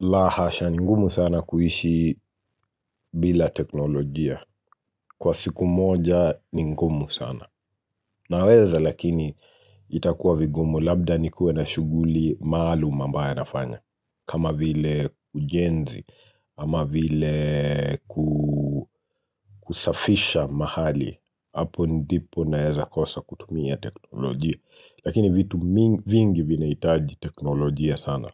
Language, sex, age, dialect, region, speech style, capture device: Swahili, male, 25-34, Kenya - Nairobi Sheng-influenced, Kenya, nervous, mobile / Linux / Chrome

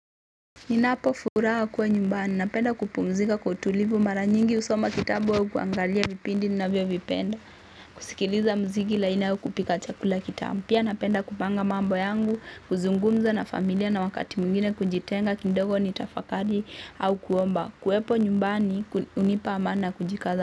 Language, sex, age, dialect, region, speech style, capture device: Swahili, female, 18-24, Kenya - Mombasa, Kenya, focused, mobile / Linux / Chrome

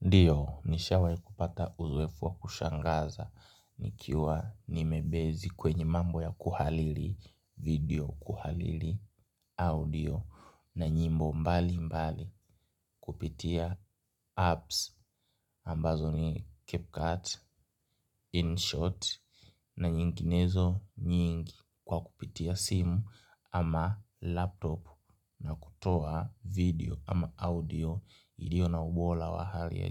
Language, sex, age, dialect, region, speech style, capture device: Swahili, male, 18-24, Kenya - Nairobi Sheng-influenced, Kenya, happy, mobile / Linux / Chrome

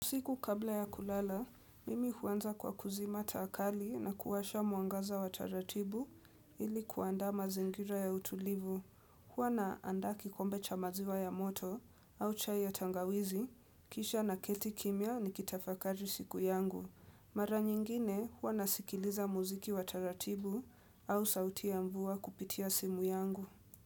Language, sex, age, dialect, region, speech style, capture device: Swahili, female, 18-24, Kenya - Nairobi Sheng-influenced, Kenya, relaxed, desktop / Windows / Edge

